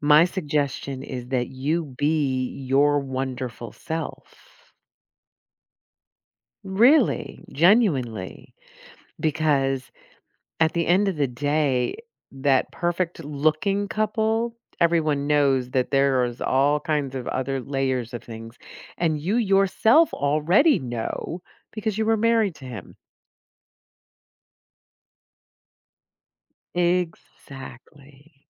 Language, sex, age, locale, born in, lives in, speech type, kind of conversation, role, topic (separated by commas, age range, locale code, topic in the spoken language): English, female, 55-59, en-US, United States, United States, conversation, advice, advisor, How can I improve my social confidence?